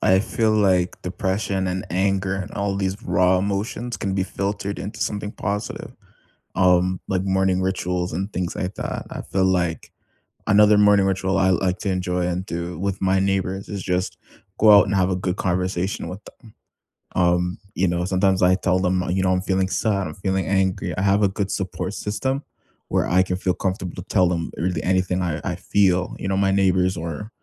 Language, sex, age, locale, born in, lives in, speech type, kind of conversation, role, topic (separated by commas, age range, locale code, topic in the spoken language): English, male, 20-24, en-CA, Canada, Canada, conversation, unstructured, none, What simple morning rituals help you start the day on a positive note, and which ones could we swap or try together?